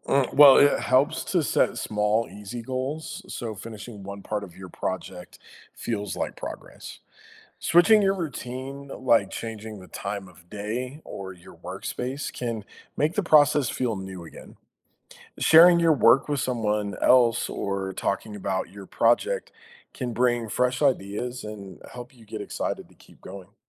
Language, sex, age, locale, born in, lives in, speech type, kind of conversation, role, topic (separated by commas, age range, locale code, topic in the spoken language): English, male, 35-39, en-US, United States, United States, read, expert-assistant, expert, What are some ways to express yourself creatively?